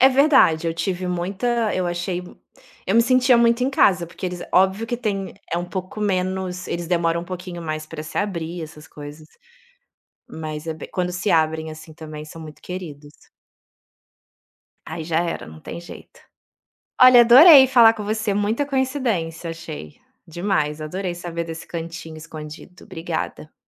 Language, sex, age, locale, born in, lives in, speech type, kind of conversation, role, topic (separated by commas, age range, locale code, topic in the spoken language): Portuguese, female, 35-39, pt-BR, Brazil, Italy, conversation, podcast, host, Como foi descobrir um cantinho escondido na sua cidade?